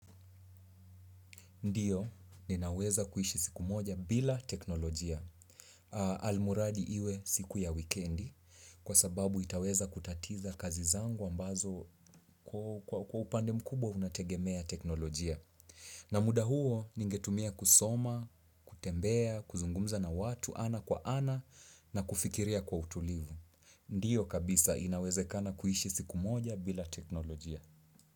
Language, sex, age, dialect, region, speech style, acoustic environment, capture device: Swahili, male, 25-34, Kenya - Mombasa, Kenya, relaxed, silence, desktop / Windows / Chrome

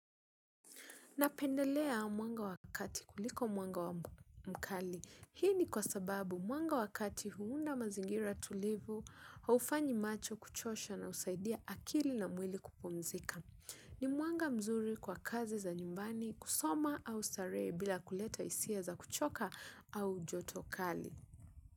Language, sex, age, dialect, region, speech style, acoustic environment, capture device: Swahili, female, 25-34, Kenya - Mombasa, Kenya, relaxed, silence, mobile / Linux / Chrome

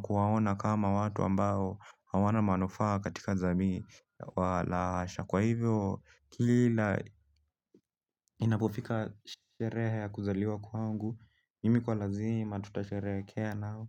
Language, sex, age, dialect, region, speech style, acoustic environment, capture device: Swahili, male, 18-24, Kenya - Nairobi Sheng-influenced, Kenya, anxious; relaxed, appliances; music; people talking, mobile / Linux / Chrome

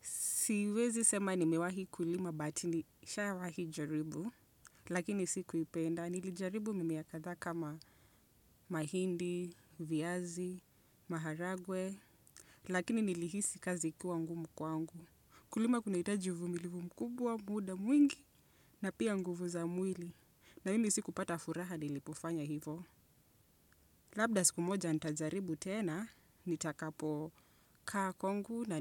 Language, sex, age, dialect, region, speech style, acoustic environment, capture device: Swahili, female, 25-34, Kenya - Nairobi Sheng-influenced, Kenya, excited, silence, desktop / Windows / Chrome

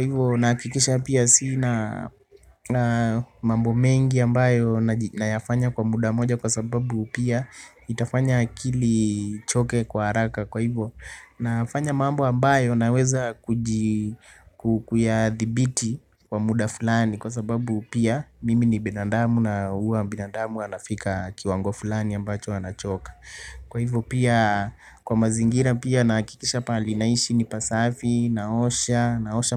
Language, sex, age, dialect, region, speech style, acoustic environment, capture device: Swahili, male, 25-34, Kenya - Nairobi Sheng-influenced, Kenya, relaxed; happy, silence, desktop / Linux / Chrome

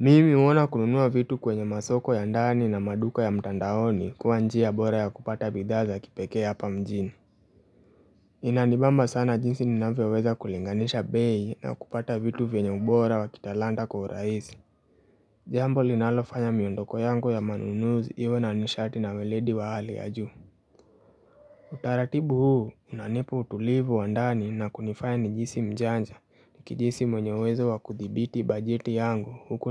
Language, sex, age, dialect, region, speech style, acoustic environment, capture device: Swahili, male, 18-24, Kenya - Nairobi Sheng-influenced, Kenya, relaxed; happy; focused, nature; appliances, mobile / Linux / Chrome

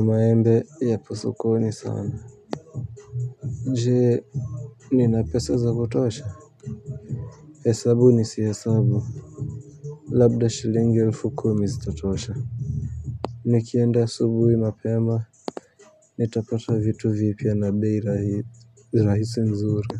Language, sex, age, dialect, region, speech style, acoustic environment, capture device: Swahili, male, 18-24, Kenya - Nairobi Sheng-influenced, Kenya, relaxed; happy, silence, mobile / Linux / Chrome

